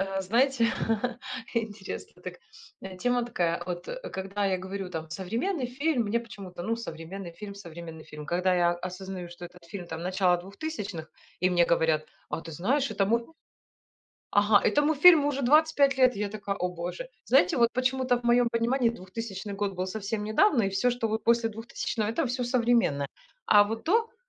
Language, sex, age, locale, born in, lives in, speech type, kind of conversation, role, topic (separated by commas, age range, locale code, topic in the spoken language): Russian, female, 40-44, ru-RU, Ukraine, Spain, conversation, unstructured, none, Почему, на ваш взгляд, современные фильмы стали менее интересными?